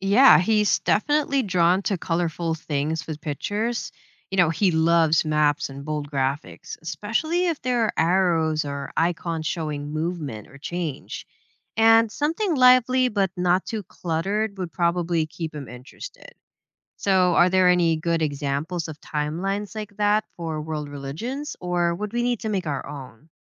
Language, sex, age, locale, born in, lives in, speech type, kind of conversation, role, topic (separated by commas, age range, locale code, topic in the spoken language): English, female, 30-34, en-US, Philippines, United States, read, expert-assistant, asker, How can major events in world religious history be organized in a timeline?